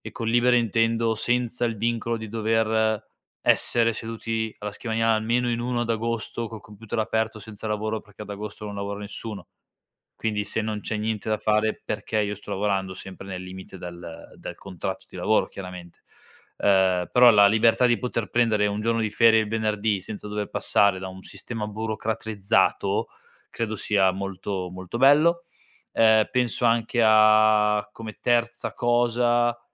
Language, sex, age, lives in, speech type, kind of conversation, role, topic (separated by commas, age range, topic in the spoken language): Italian, male, 25-29, Italy, conversation, podcast, guest, Come bilanci lo stipendio e la soddisfazione personale?